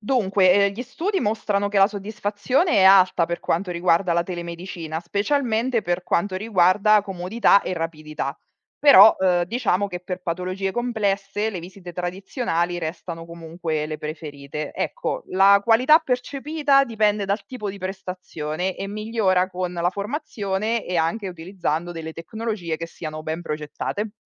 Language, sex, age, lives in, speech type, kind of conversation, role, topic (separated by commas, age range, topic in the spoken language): Italian, female, 35-39, Italy, read, expert-assistant, expert, Quali sono i vantaggi e gli svantaggi dell'utilizzo della telemedicina rispetto alle visite tradizionali in ambulatorio?